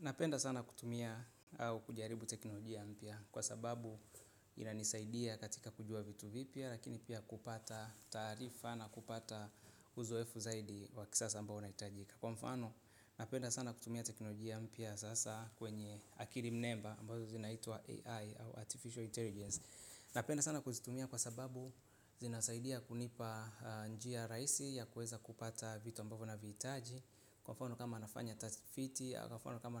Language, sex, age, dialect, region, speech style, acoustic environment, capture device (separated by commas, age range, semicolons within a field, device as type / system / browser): Swahili, male, 25-34, Tanzania - Dar es Salaam, Tanzania, relaxed; focused, silence, mobile / Linux / Chrome